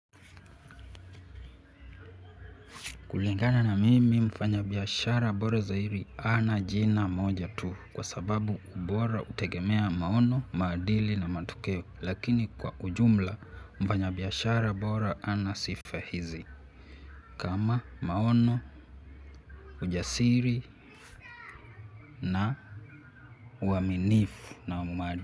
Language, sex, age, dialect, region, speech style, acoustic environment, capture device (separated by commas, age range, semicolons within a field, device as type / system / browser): Swahili, male, 18-24, Kenya - Nairobi Sheng-influenced, Kenya, happy; relaxed; focused, nature; silence, mobile / Linux / Chrome